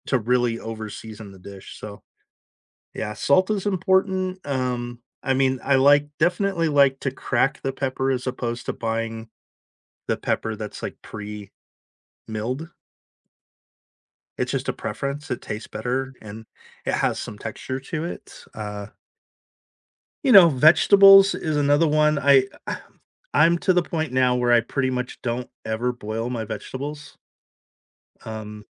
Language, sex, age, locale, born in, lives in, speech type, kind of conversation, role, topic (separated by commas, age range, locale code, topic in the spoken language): English, male, 50-54, en-US, United States, United States, conversation, unstructured, none, What is a common cooking mistake people often don’t realize they’re making?